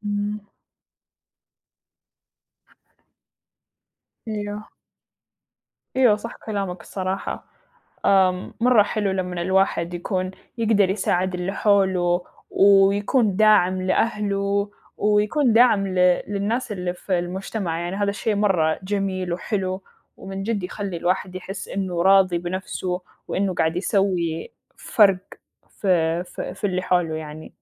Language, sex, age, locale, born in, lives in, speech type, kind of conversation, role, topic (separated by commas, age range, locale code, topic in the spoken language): Arabic, female, 20-24, ar-SA, Saudi Arabia, United States, conversation, unstructured, none, إيه أكتر حاجة بتحسّسك بالرضا في حياتك؟